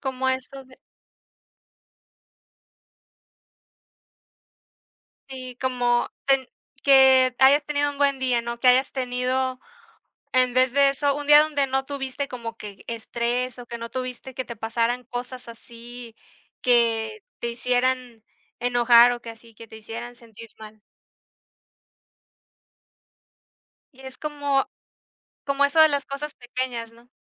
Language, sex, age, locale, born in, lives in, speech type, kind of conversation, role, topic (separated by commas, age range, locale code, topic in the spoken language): Spanish, female, 25-29, es-MX, Mexico, Mexico, conversation, unstructured, none, ¿Cómo defines la felicidad en tu vida diaria?